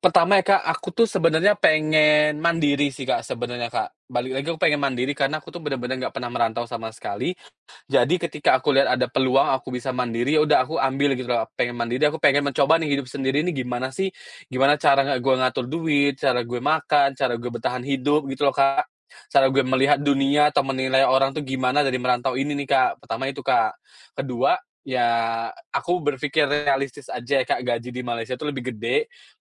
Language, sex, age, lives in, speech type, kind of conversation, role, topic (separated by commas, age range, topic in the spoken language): Indonesian, male, 30-34, Indonesia, conversation, podcast, guest, Bagaimana Anda memutuskan untuk pindah ke kota atau negara lain?